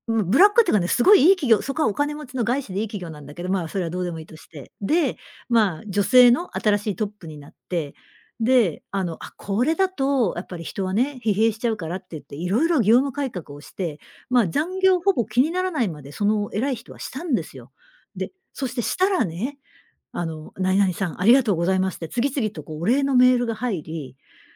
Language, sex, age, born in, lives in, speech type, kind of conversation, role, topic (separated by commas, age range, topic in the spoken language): Japanese, female, 55-59, Japan, Japan, conversation, podcast, guest, 失敗が転機になった経験はありますか？